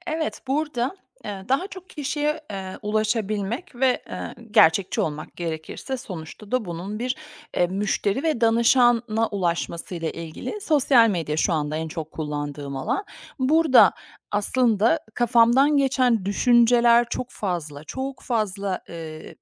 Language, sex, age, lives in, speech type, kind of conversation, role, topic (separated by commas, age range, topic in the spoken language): Turkish, female, 45-49, United States, conversation, podcast, guest, Yaratıcı tıkanıklık yaşadığında bunu nasıl aşıyorsun?